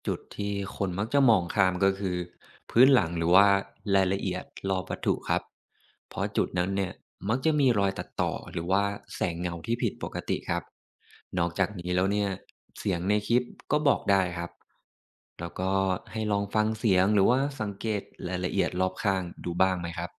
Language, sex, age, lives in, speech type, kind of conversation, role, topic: Thai, male, 25-29, Thailand, read, expert-assistant, expert, เวลามีคนแชร์ภาพหรือคลิปเกี่ยวกับปรากฏการณ์แปลกในอวกาศ เราจะเช็กข้อเท็จจริงและที่มาของภาพพวกนี้ได้อย่างไร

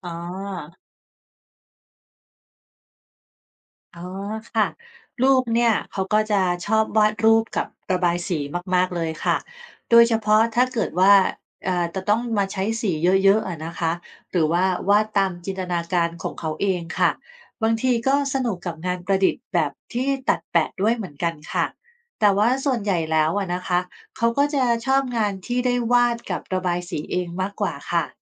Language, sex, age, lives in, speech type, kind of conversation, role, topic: Thai, female, 45-49, Thailand, read, expert-assistant, asker, จะมีกิจกรรมอะไรช่วยให้ครอบครัวเข้าใจอารมณ์กันมากขึ้นไหม?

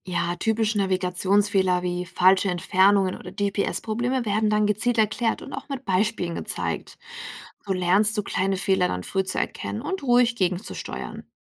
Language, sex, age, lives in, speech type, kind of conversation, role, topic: German, female, 65-69, Germany, read, expert-assistant, expert, Welche Bücher, Podcasts oder Webseiten helfen wirklich dabei, sich auf anspruchsvollere Wander- oder Campingabenteuer vorzubereiten?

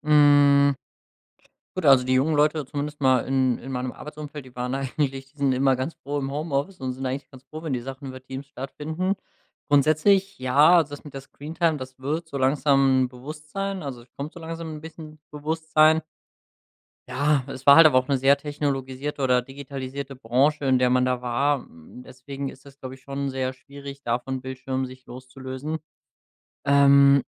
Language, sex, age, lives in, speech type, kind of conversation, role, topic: German, male, 25-29, Germany, conversation, podcast, guest, Wie beeinflusst Technik die Kommunikation zwischen Jung und Alt?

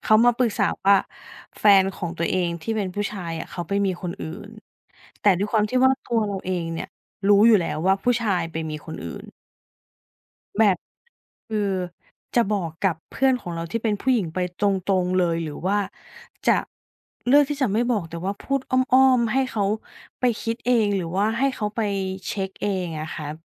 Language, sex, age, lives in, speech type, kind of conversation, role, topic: Thai, female, 35-39, Thailand, conversation, podcast, host, คุณชอบคุยตรงๆ หรือชอบคุยอ้อมๆ มากกว่ากัน?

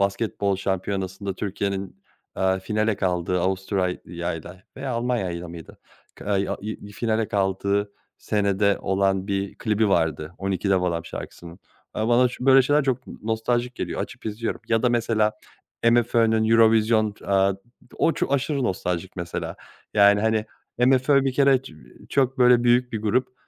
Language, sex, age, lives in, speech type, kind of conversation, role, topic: Turkish, male, 30-34, Germany, conversation, podcast, guest, Sence nostalji kültürünün popülerliği neden artıyor?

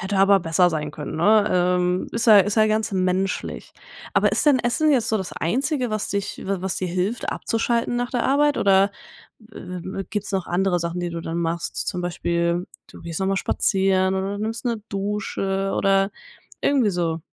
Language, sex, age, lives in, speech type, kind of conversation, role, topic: German, female, 20-24, Germany, conversation, podcast, host, Wie sieht ein perfekter Feierabend für dich aus?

